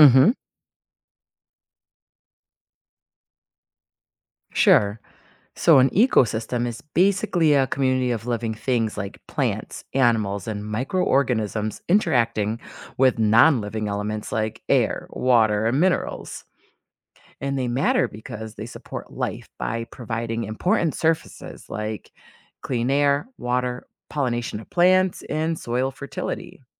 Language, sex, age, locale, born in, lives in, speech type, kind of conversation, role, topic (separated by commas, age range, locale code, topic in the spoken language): English, female, 40-44, en-US, United States, United States, read, expert-assistant, expert, How do ecosystems function and why are they important?